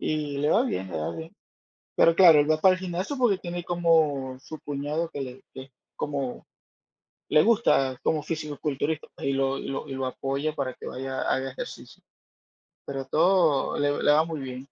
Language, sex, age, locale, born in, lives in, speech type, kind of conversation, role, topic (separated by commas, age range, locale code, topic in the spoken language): Spanish, male, 45-49, es-VE, Venezuela, United States, conversation, unstructured, none, ¿Crees que el miedo a enfermar debería motivar a más personas a hacer ejercicio?